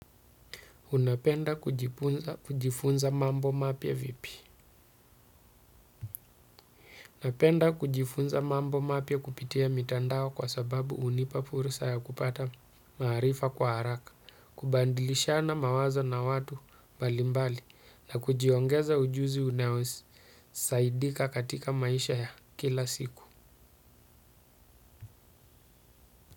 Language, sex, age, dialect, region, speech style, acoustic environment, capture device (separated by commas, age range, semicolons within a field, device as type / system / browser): Swahili, male, 35-44, Kenya - Mombasa, Kenya, happy; focused, nature; silence, desktop / Windows / Chrome